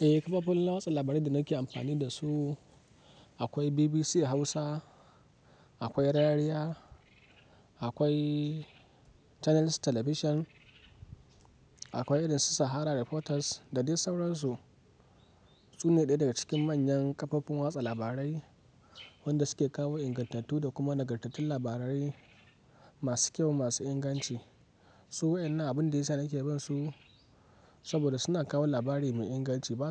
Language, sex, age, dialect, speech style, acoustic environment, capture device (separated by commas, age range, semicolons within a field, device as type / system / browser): Hausa, male, 18-24, Nigeria - Kano, relaxed, silence, mobile / Linux / Chrome